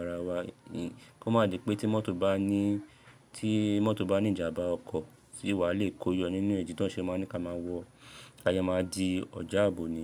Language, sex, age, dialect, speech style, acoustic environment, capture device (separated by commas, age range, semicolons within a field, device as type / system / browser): Yoruba, male, 25-34, Nigeria - Lagos Yoruba, happy; excited; relaxed, people talking; nature, mobile / Linux / Chrome